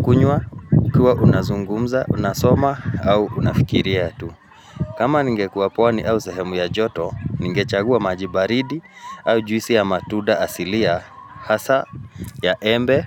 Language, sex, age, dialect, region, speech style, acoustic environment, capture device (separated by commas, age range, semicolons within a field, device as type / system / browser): Swahili, male, 35-44, Kenya - Nairobi Sheng-influenced, Kenya, focused, nature, mobile / Linux / Chrome